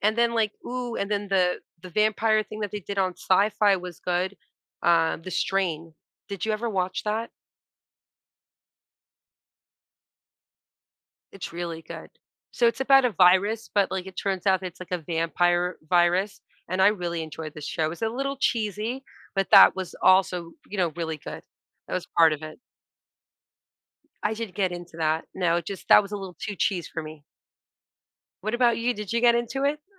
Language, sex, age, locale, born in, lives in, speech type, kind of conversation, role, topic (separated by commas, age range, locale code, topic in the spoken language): English, female, 40-44, en-US, United States, United States, conversation, podcast, guest, What qualities make a television show stand out and stay with you over time?